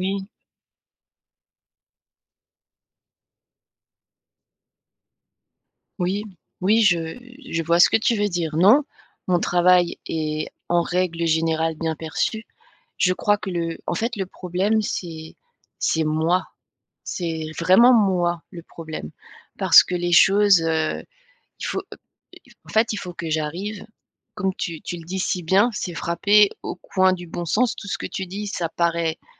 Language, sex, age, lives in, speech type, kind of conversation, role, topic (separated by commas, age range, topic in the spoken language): French, female, 45-49, France, conversation, advice, user, Comment puis-je me libérer du besoin d’approbation des autres ?